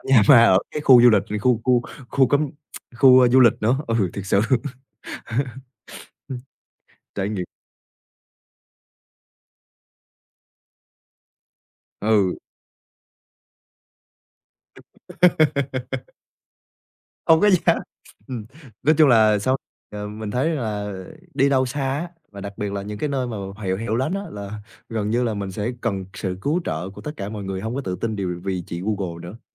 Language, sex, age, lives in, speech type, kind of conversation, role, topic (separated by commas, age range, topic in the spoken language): Vietnamese, male, 20-24, Vietnam, conversation, podcast, guest, Bạn đã bao giờ bị lạc đường ở một nơi xa lạ chưa?